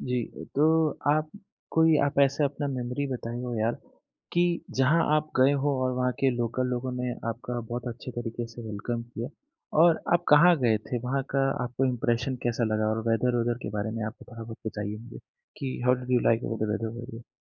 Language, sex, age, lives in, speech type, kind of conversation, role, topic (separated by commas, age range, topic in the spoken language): Hindi, male, 25-29, India, conversation, podcast, host, Aisi kaunsi travel yaatra ki yaad hai jahan local logon ne tumhe dil se bahut welcome kiya?